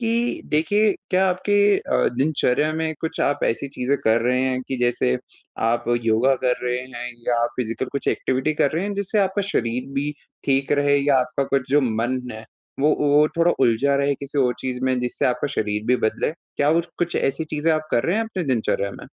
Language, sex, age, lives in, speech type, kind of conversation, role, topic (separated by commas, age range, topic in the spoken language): Hindi, male, 20-24, India, conversation, advice, advisor, आराम करते समय मुझे अक्सर बेचैनी क्यों होती है?